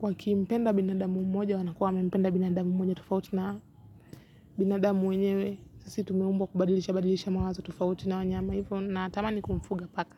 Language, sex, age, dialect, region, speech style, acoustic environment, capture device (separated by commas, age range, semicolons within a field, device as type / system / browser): Swahili, female, 18-24, Kenya - Nairobi Sheng-influenced, Kenya, focused; relaxed, nature, mobile / Linux / Chrome